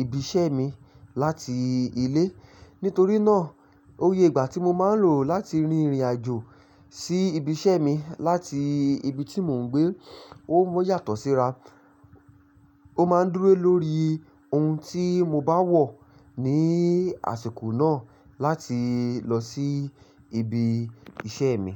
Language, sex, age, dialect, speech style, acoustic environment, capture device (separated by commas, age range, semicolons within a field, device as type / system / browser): Yoruba, male, 25-34, Nigeria - Ibadan, focused, silence, mobile / Linux / Chrome